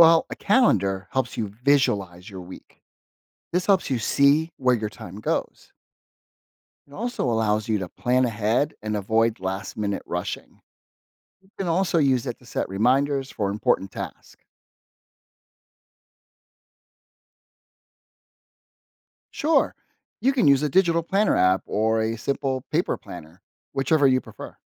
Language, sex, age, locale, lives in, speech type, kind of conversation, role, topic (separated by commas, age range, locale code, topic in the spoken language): English, male, 50-54, en-US, United States, read, expert-assistant, expert, How can time management skills be improved?